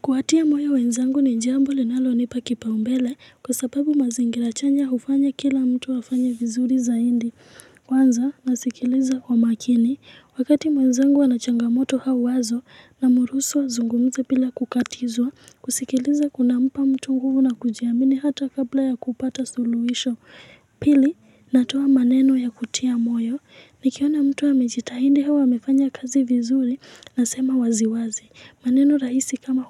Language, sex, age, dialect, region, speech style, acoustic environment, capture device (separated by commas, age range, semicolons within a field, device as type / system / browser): Swahili, female, 18-24, Kenya - Nairobi Sheng-influenced, Kenya, excited; focused, music; people talking; nature, mobile / Linux / Chrome